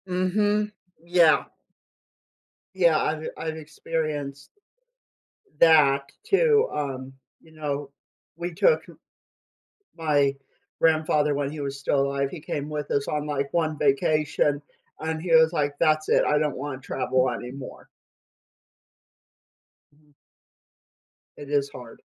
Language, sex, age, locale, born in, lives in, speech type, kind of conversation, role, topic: English, male, 25-29, en-US, United States, United States, conversation, podcast, host, How do our reasons for celebrating change as we move through different stages of life?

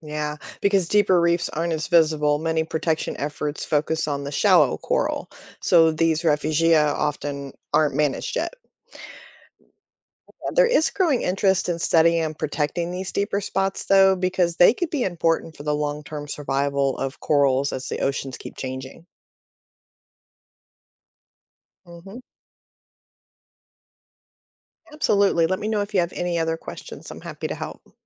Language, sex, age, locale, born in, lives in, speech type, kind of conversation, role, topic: English, female, 45-49, en-US, United States, United States, read, expert-assistant, expert, How do coral reefs form and why are they important?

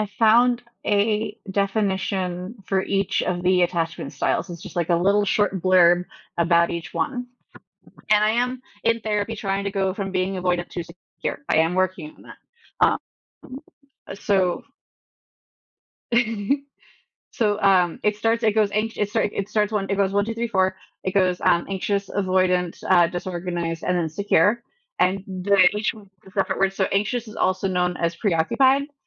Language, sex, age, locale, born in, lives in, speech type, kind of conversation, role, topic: English, female, 30-34, en-US, United States, United States, conversation, unstructured, none, How can learning about our attachment styles help us build healthier relationships?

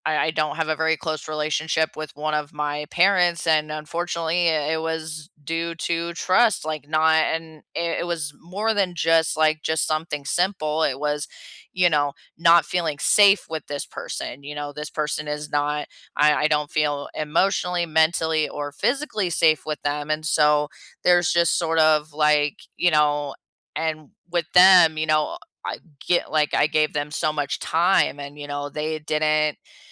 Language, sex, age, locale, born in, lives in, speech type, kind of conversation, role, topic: English, female, 30-34, en-US, United States, United States, conversation, unstructured, none, What role does trust play in family and friendships?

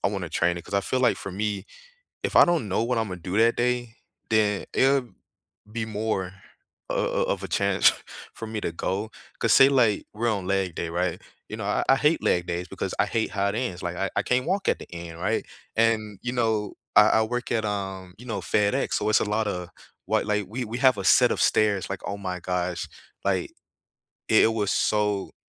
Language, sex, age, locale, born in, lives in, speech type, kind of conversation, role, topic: English, male, 25-29, en-US, United States, United States, conversation, unstructured, none, How do you stay motivated to exercise regularly?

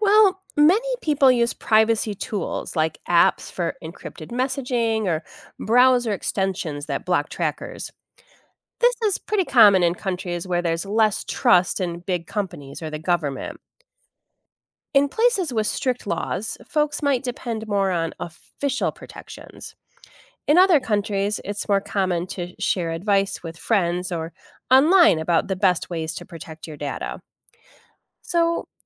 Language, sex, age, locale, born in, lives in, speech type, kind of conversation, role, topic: English, female, 45-49, en-US, United States, United States, read, expert-assistant, expert, How do various societies approach the concept of privacy and transparency?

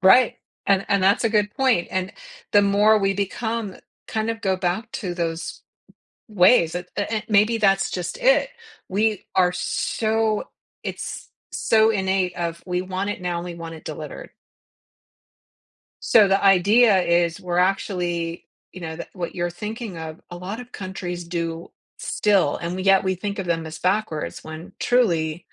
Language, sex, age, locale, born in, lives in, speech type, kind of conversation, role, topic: English, female, 55-59, en-US, United States, United States, conversation, podcast, host, How do your hopes and ambitions shape the way you plan for the future?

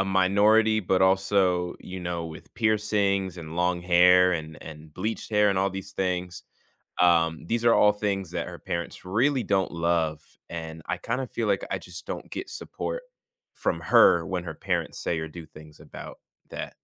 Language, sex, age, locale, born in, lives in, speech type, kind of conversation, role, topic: English, male, 20-24, en-US, United States, United States, conversation, advice, user, How can I talk through a recent disagreement with my partner and reach an understanding?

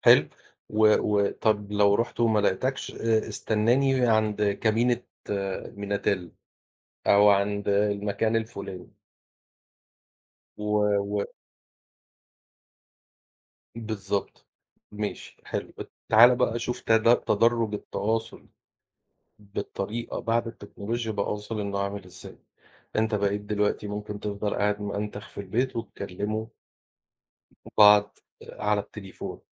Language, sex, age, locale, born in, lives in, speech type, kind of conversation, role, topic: Arabic, male, 40-44, ar-EG, Egypt, Portugal, conversation, unstructured, none, إيه رأيك في تأثير التكنولوجيا على تواصل الناس مع بعض؟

